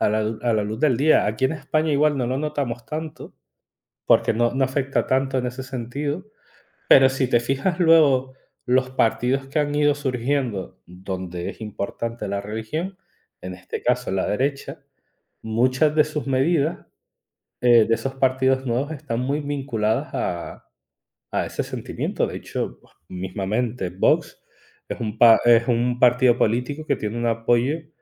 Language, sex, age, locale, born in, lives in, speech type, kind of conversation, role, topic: Spanish, male, 35-39, es-ES, Spain, Spain, conversation, unstructured, none, ¿Es peligroso mezclar la religión y la política?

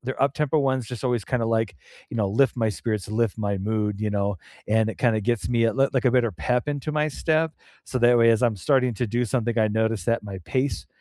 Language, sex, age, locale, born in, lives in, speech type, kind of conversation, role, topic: English, male, 45-49, en-US, United States, United States, conversation, unstructured, none, What music always lifts your mood, and why?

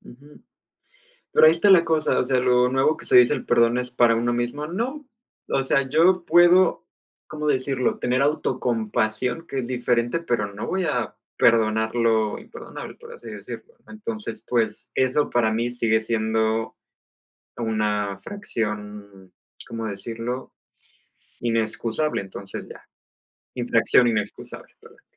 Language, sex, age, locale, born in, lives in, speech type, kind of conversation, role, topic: Spanish, male, 25-29, es-MX, Mexico, Mexico, conversation, unstructured, none, ¿Hasta qué punto perdonas a un amigo que te ha traicionado?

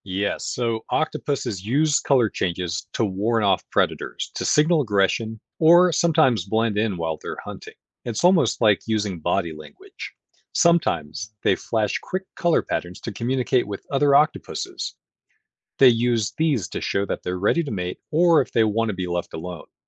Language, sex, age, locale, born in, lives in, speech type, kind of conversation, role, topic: English, male, 35-39, en-US, United States, United States, read, expert-assistant, expert, How and why do chameleons and other animals change their color?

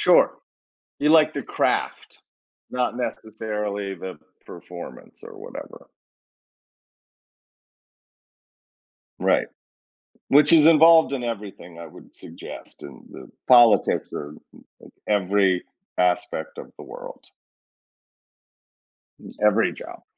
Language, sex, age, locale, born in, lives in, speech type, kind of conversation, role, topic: English, male, 50-54, en-US, United States, United States, conversation, unstructured, none, How do you decide when to challenge yourself versus staying in your comfort zone?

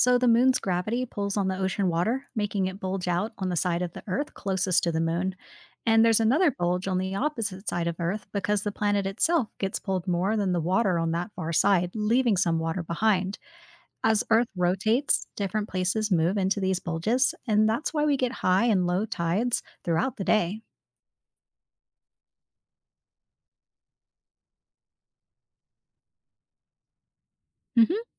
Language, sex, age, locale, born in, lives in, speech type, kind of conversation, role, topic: English, female, 30-34, en-US, United States, United States, read, expert-assistant, expert, What causes tides in the ocean?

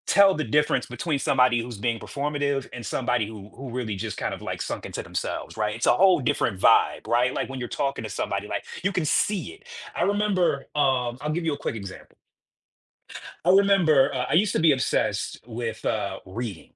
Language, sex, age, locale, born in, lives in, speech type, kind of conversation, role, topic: English, male, 40-44, en-US, United States, United States, conversation, podcast, guest, Why is it important to stay true to yourself, even when it’s difficult?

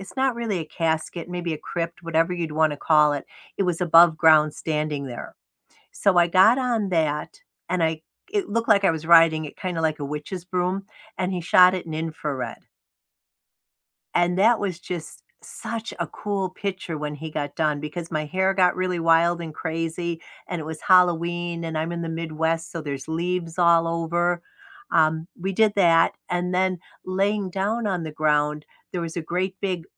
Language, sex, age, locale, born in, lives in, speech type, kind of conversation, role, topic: English, female, 65-69, en-US, United States, United States, conversation, unstructured, none, What’s the story behind a favorite photo on your phone, and why is it meaningful to you?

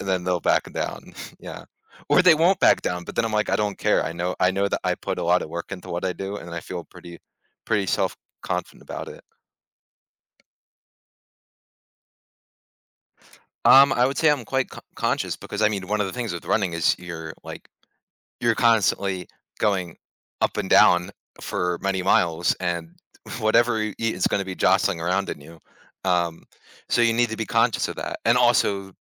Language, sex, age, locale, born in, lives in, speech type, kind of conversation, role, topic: English, male, 20-24, en-US, United States, United States, conversation, podcast, guest, How can pursuing a hobby shape your personal growth and outlook on life?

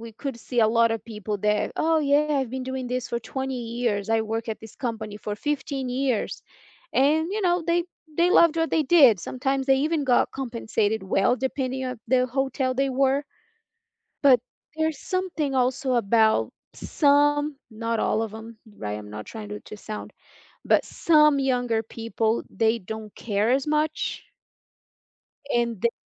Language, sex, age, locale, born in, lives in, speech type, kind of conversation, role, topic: English, female, 30-34, en-BR, Brazil, United States, conversation, unstructured, none, Have you ever been grossed out by how clean a hotel was?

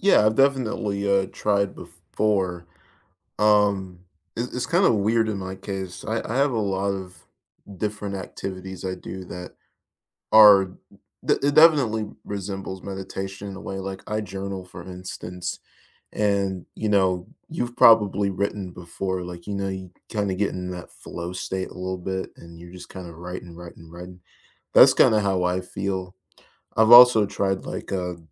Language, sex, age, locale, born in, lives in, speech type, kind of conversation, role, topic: English, male, 20-24, en-US, United States, United States, conversation, unstructured, none, What simple weekend rituals do you look forward to?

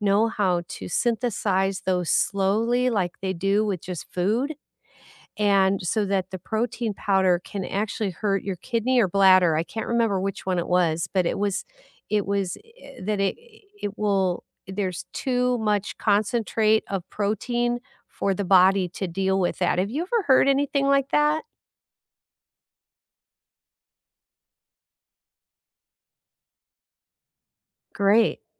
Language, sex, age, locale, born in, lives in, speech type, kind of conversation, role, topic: English, female, 60-64, en-US, United States, United States, conversation, unstructured, none, What does a nourishing meal look like to you?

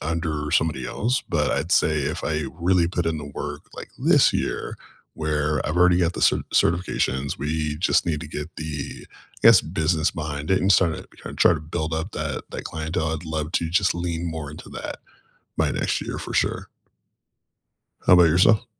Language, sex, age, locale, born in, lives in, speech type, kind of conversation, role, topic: English, male, 35-39, en-US, United States, United States, conversation, unstructured, none, If you cleared your calendar, what would you add to make next year feel meaningful?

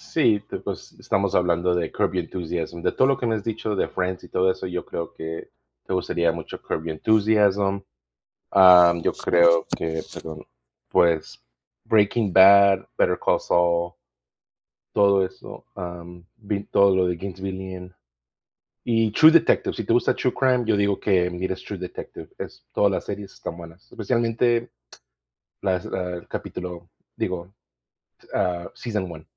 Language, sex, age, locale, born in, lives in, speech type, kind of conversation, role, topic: Spanish, male, 35-39, es-MX, Mexico, United States, conversation, unstructured, none, ¿Cuáles son las mejores series para maratonear durante el fin de semana?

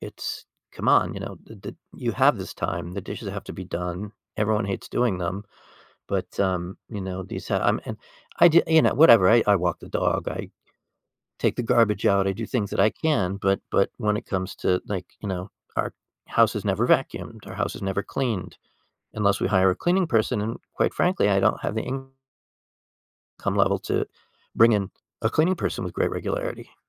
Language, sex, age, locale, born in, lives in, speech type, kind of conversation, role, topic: English, male, 55-59, en-US, United States, United States, conversation, advice, user, How can I better balance my work hours with family time?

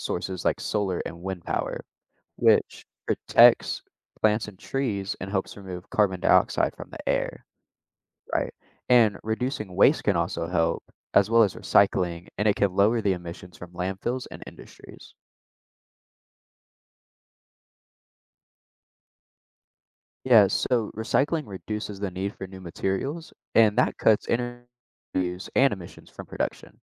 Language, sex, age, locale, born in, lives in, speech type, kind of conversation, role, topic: English, male, 18-19, en-US, United States, United States, read, expert-assistant, expert, How does climate change impact the environment?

